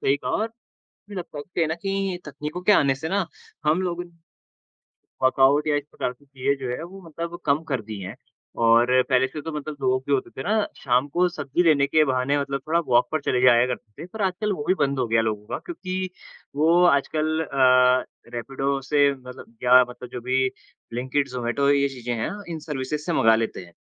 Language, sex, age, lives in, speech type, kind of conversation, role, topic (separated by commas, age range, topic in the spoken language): Hindi, male, 20-24, India, conversation, unstructured, none, नए तकनीकी आविष्कारों ने हमारी ज़िंदगी कैसे बदल दी है?